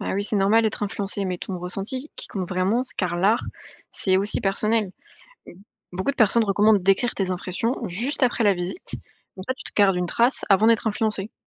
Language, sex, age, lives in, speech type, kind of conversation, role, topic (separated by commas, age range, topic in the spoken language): French, female, 20-24, France, read, expert-assistant, expert, Quand tu lis plusieurs avis sur une œuvre d’art, comment tu t’y prends pour te faire ta propre idée ?